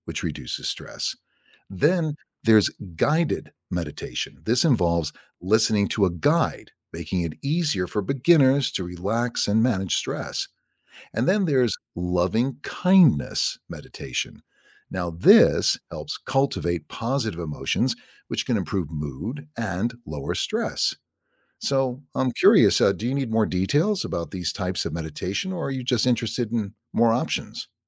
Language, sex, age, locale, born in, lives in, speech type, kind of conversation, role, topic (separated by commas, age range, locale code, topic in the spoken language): English, male, 60-64, en-US, United States, United States, read, expert-assistant, expert, How does meditation affect stress levels?